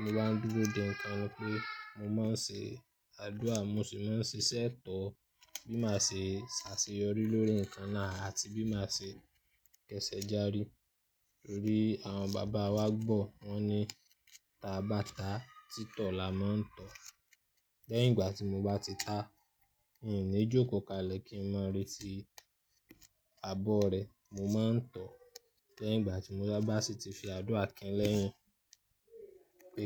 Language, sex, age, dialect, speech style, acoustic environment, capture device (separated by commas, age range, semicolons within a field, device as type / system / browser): Yoruba, male, 25-34, Nigeria - Ibadan, happy; nervous; anxious; excited, people talking; nature; music; traffic; construction, mobile / Linux / Chrome